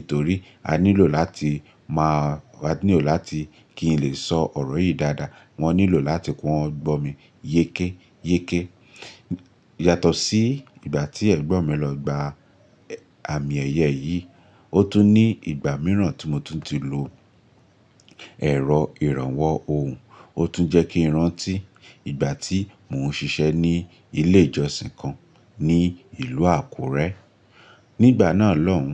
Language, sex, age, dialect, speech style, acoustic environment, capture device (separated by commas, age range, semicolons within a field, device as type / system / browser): Yoruba, male, 25-34, Nigeria - Lagos Yoruba, relaxed; focused, nature; appliances, mobile / Linux / Chrome